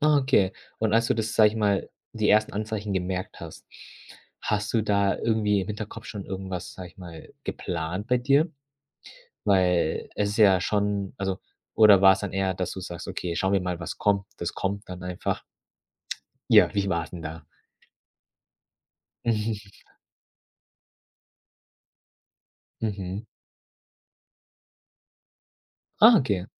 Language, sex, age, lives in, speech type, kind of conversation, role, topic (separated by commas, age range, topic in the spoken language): German, male, 25-29, Germany, conversation, podcast, host, Erzähl mal von einer Zeit, in der du neu anfangen musstest?